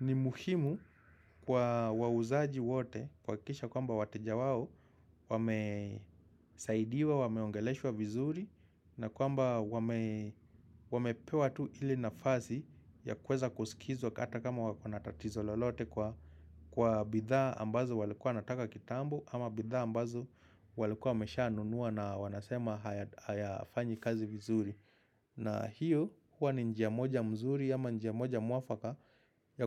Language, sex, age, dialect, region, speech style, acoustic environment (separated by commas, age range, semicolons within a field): Swahili, male, 25-34, Kenya - Nairobi Sheng-influenced, Kenya, happy, silence